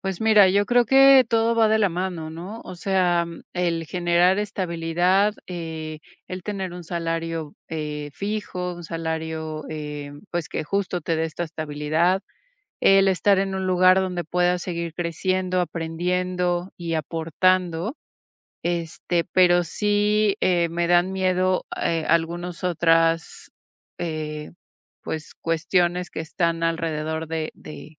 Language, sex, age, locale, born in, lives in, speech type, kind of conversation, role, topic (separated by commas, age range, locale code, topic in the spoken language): Spanish, female, 40-44, es-MX, Mexico, Mexico, conversation, advice, user, ¿Cómo puedo definir la dirección de mi carrera profesional y planear mis próximos pasos?